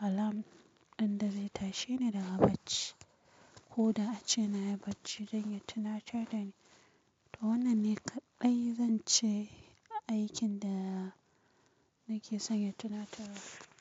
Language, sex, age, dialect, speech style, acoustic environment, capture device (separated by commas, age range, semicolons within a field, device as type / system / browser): Hausa, female, 25-34, Nigeria - Kano, tired, silence, mobile / Linux / Chrome